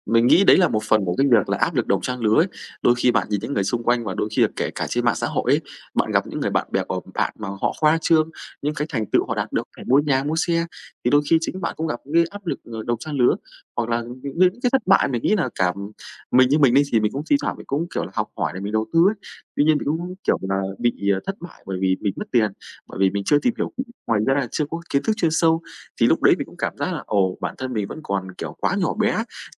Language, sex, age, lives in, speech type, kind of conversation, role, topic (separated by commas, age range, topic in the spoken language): Vietnamese, male, 25-29, Vietnam, conversation, unstructured, none, Làm thế nào để vượt qua những thất bại trong cuộc sống?